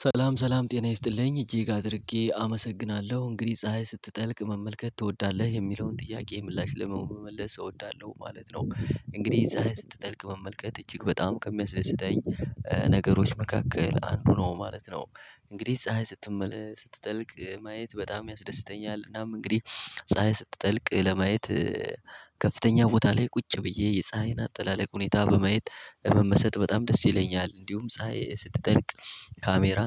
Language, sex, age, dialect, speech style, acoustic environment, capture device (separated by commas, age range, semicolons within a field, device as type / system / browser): Amharic, male, 18-24, Ethiopia - Gojjam, happy, people talking, mobile / Linux / Chrome